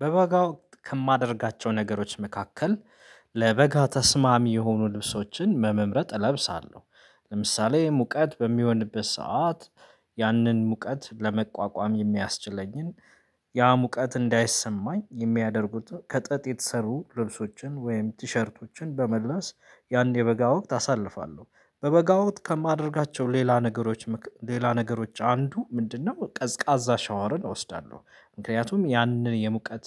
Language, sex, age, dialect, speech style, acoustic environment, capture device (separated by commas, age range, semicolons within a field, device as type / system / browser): Amharic, male, 25-34, Ethiopia - Addis Ababa, excited, silence, mobile / Linux / Chrome